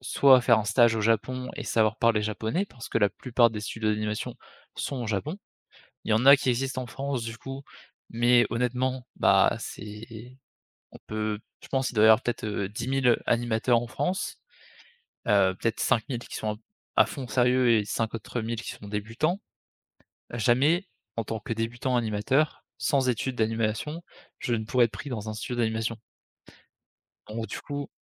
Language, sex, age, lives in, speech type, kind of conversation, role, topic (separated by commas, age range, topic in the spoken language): French, male, 20-24, France, conversation, podcast, guest, Comment transformer une passion en métier viable ?